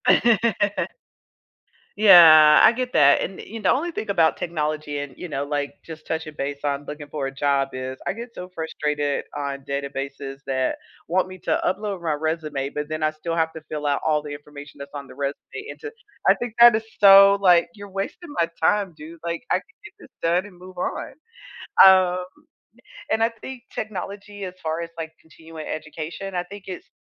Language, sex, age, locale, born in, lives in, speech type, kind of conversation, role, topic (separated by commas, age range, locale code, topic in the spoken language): English, female, 45-49, en-US, United States, United States, conversation, unstructured, none, What motivates you to keep learning new things throughout your life?